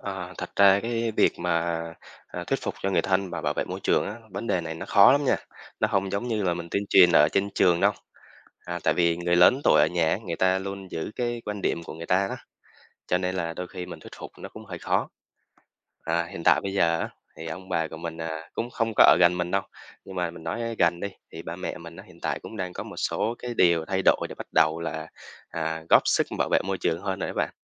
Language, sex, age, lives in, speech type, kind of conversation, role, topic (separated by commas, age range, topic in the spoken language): Vietnamese, male, 25-29, Vietnam, conversation, podcast, guest, Bạn làm sao để thuyết phục người thân bảo vệ môi trường?